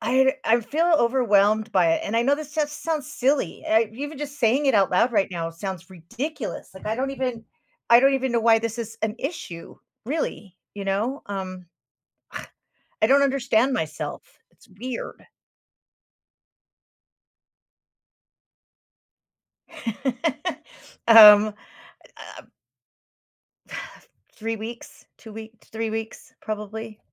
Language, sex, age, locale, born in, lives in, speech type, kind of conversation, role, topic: English, female, 55-59, en-US, United States, United States, conversation, advice, user, How can I build my self-confidence when I frequently feel insecure?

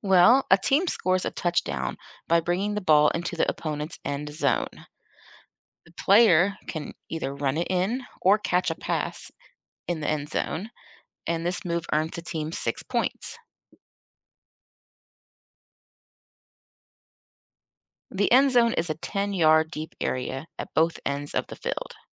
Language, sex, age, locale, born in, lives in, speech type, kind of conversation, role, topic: English, female, 40-44, en-US, United States, United States, read, expert-assistant, expert, Explain how a touchdown is scored in American football.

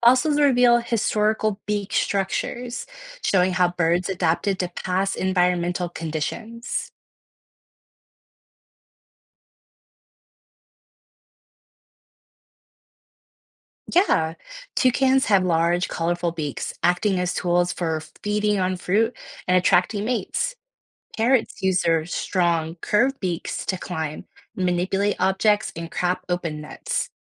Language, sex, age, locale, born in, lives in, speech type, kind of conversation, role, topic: English, female, 30-34, en-US, United States, United States, read, expert-assistant, expert, Why do different birds have different beaks?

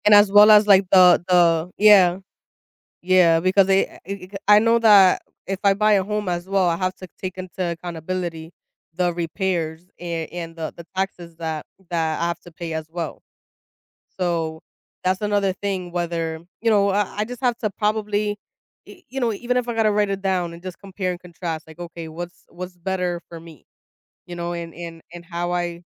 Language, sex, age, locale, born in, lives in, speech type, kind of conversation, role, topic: English, female, 25-29, en-US, United States, United States, conversation, advice, user, Should I buy a home now or keep renting given my current financial situation?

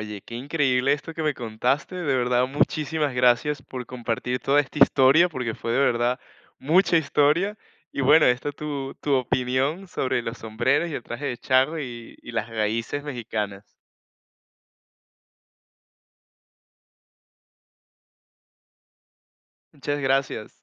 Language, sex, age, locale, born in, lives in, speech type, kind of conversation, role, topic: Spanish, male, 20-24, es-VE, Venezuela, Portugal, conversation, podcast, host, ¿Qué prendas te conectan con tus raíces?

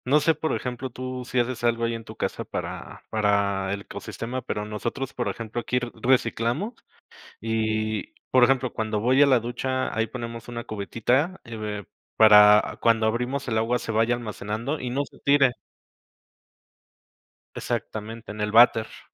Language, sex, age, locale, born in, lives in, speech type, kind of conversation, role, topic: Spanish, male, 35-39, es-MX, Mexico, Mexico, conversation, unstructured, none, ¿Has pensado alguna vez qué pasaría si desaparecieran los bosques?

